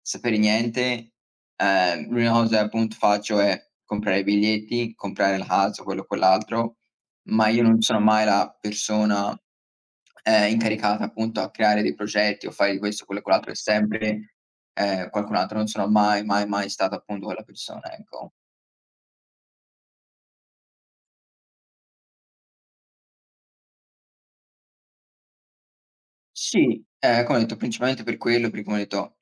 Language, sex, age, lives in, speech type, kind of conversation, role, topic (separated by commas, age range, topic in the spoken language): Italian, male, 20-24, United States, conversation, unstructured, none, Ti piace pianificare tutto o preferisci viaggiare all’avventura?